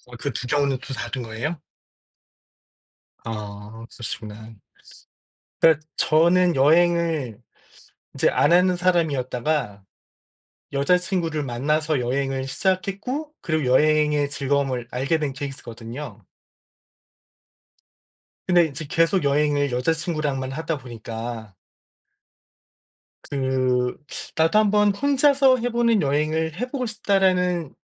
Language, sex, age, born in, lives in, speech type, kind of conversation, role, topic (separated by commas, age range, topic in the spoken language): Korean, male, 45-49, South Korea, United States, conversation, unstructured, none, 혼자 여행할 때 가장 걱정되는 점은 무엇인가요?